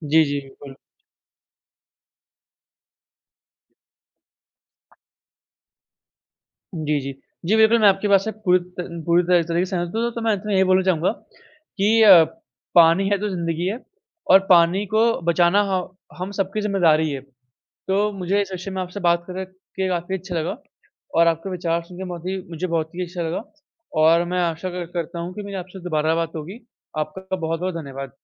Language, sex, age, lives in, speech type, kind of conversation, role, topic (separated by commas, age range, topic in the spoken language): Hindi, male, 45-49, India, conversation, unstructured, none, जल प्रदूषण को कम करने के लिए हम क्या कर सकते हैं?